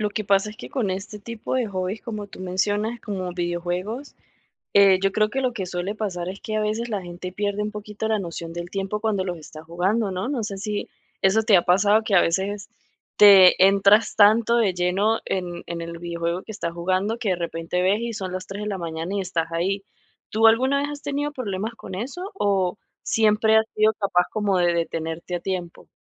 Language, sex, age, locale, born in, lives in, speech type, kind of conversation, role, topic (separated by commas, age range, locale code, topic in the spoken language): Spanish, female, 30-34, es-VE, Venezuela, United States, conversation, advice, advisor, ¿Cómo puedo encontrar tiempo para mis pasatiempos en mi día a día?